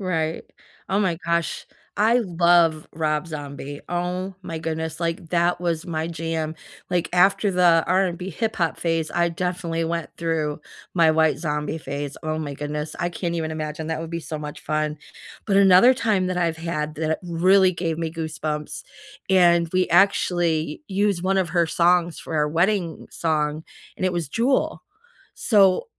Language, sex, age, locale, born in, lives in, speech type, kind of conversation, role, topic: English, female, 50-54, en-US, United States, United States, conversation, unstructured, none, Which live shows gave you goosebumps, and what made those moments unforgettable?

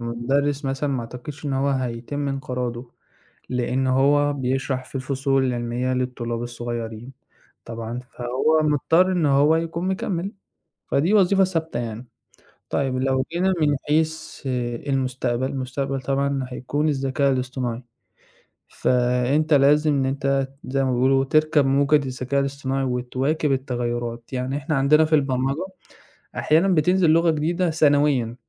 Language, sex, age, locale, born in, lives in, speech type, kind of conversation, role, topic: Arabic, male, 20-24, ar-EG, Egypt, Egypt, conversation, podcast, guest, إزاي بتختار الشغل المناسب ليك؟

